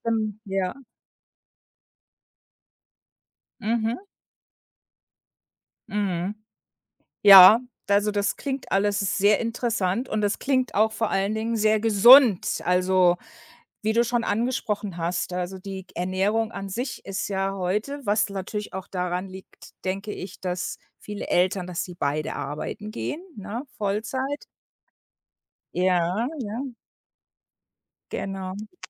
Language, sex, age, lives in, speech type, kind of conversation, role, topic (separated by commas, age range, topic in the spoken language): German, female, 55-59, United States, conversation, podcast, host, Wie bringt man Kindern den Spaß am Kochen bei?